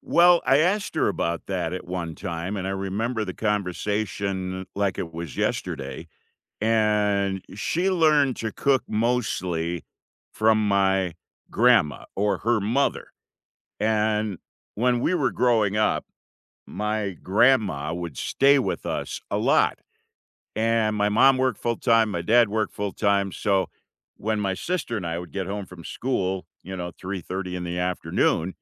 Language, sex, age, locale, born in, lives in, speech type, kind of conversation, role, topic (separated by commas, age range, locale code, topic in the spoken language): English, male, 65-69, en-US, United States, United States, conversation, unstructured, none, What comfort meal makes you feel at home, and what memories make it meaningful to you?